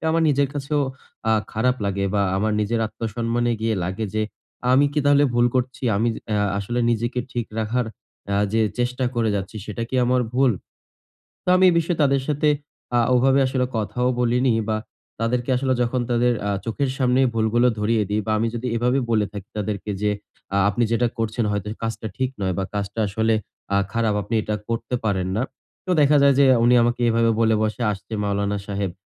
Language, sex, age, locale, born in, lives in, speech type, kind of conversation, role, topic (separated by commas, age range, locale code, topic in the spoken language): Bengali, male, 20-24, bn-BD, Bangladesh, Bangladesh, conversation, advice, user, সমালোচনার মুখে কীভাবে আত্মসম্মান বজায় রেখে শান্ত ও দৃঢ় থাকতে পারি?